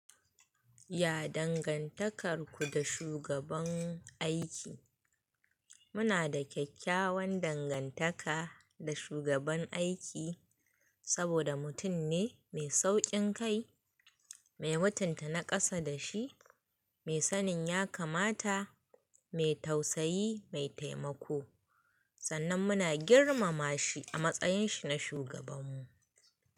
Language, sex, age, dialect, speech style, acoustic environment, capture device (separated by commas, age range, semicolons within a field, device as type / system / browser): Hausa, female, 25-34, Nigeria - Kano, excited; happy, people talking, mobile / Linux / Chrome